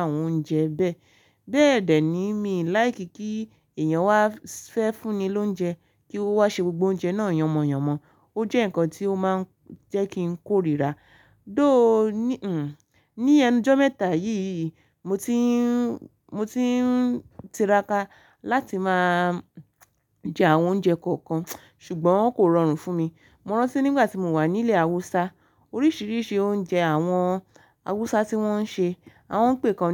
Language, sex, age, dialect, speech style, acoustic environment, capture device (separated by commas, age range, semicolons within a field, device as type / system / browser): Yoruba, female, 25-34, Nigeria - Ibadan, relaxed, silence, mobile / Linux / Chrome